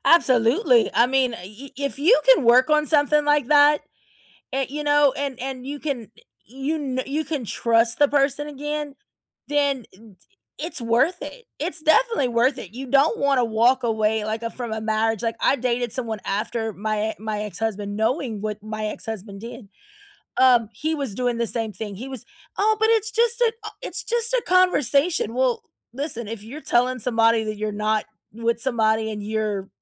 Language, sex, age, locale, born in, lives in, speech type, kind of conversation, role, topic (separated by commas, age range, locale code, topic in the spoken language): English, female, 40-44, en-US, United States, United States, conversation, unstructured, none, How do you deal with feeling betrayed by someone you love?